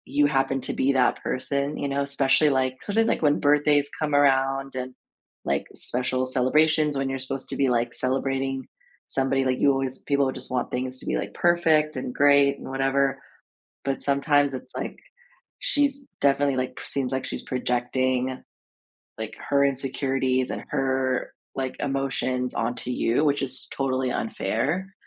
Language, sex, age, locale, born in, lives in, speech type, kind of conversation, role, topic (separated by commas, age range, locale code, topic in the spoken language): English, female, 30-34, en-US, United States, United States, conversation, advice, advisor, How do I resolve a misunderstanding with my close friend?